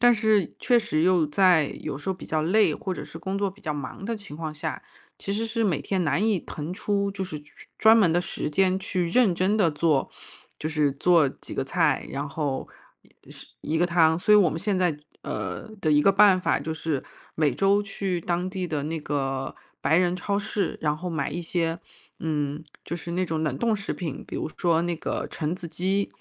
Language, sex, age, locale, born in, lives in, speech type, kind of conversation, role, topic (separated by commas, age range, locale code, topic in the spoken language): Chinese, female, 35-39, zh-CN, China, United States, conversation, advice, user, 为什么饮食习惯很难长期改变，我怎样才能坚持少吃加工食品？